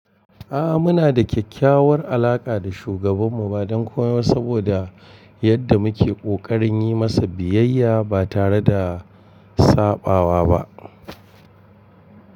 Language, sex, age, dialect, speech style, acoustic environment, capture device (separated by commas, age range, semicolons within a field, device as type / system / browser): Hausa, male, 45-54, Nigeria - Kano, focused, appliances, mobile / Linux / Chrome